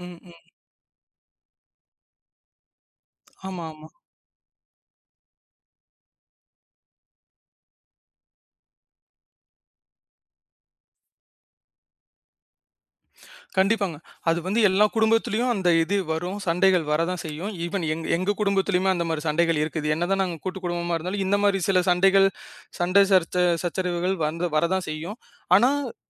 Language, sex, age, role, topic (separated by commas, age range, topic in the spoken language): Tamil, male, 25-29, guest, பண்டிகையை நினைவில் நிறுத்தும் அளவுக்கு சுவையான உணவுகளை எப்படி தயார் செய்வது?